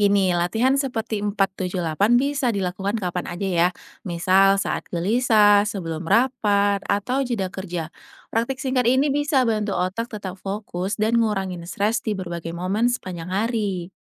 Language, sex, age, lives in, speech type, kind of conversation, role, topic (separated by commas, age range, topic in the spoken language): Indonesian, female, 30-34, Indonesia, read, expert-assistant, expert, Apa saja kebiasaan sehari-hari yang bisa membantu menjaga kesehatan mental menurut psikologi klinis?